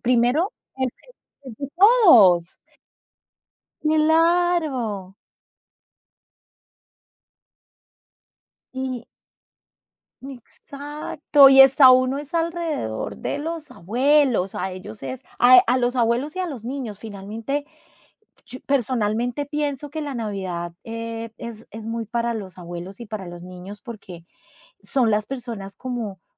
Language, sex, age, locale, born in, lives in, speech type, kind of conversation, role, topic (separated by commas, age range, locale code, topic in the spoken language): Spanish, female, 45-49, es-CO, Colombia, Italy, conversation, podcast, guest, ¿Cómo manejan los conflictos en tu familia?